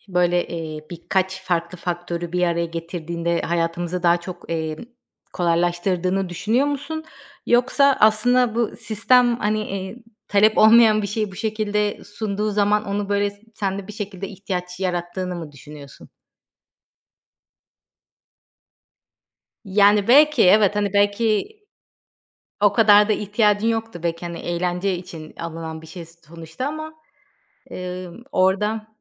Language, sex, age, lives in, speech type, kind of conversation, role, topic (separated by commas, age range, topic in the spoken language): Turkish, female, 35-39, Greece, conversation, podcast, host, Yeni teknolojileri denemeye nasıl karar veriyorsun?